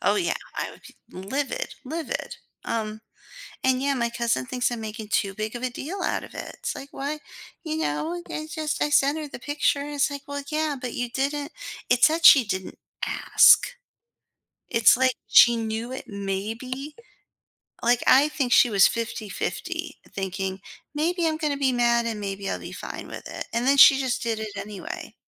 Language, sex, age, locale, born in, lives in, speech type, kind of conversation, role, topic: English, female, 40-44, en-US, United States, United States, conversation, advice, user, How can I cope with feeling let down by my family?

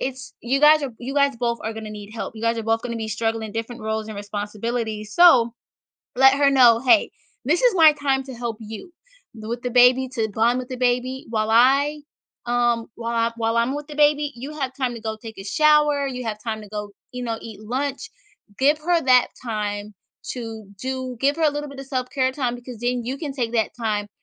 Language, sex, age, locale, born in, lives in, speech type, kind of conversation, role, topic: English, female, 25-29, en-US, United States, United States, conversation, advice, advisor, How can I balance work and family responsibilities without feeling constantly overwhelmed?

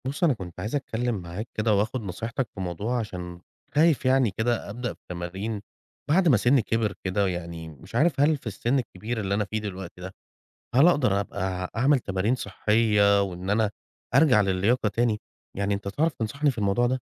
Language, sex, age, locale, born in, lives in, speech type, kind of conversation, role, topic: Arabic, male, 35-39, ar-EG, Egypt, Egypt, conversation, advice, user, إزاي أتغلب على خوفي من إني أبدأ أتمرّن وأنا أكبر في السن؟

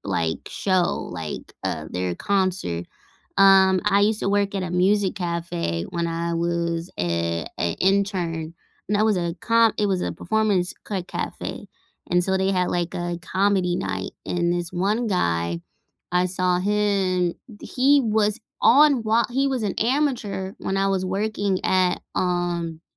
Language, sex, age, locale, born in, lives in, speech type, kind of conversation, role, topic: English, female, 30-34, en-US, United States, United States, conversation, unstructured, none, What’s the best live show or concert you’ve attended recently, and what made it unforgettable?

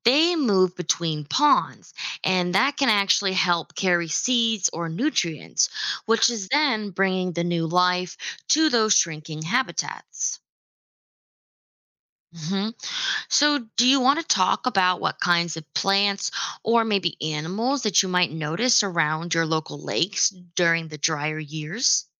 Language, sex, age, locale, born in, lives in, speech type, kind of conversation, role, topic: English, female, 25-29, en-US, United States, United States, read, expert-assistant, expert, What causes some lakes to be salty instead of fresh?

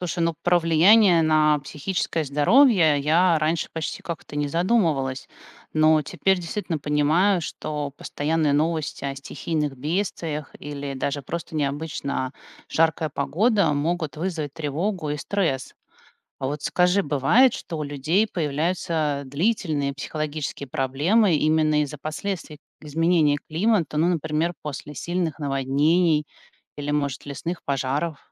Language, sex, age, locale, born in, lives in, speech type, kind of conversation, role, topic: Russian, female, 45-49, ru-RU, Russia, United States, read, expert-assistant, asker, Как отличить достоверную научную информацию о климате от мифов и неподтверждённых данных в интернете?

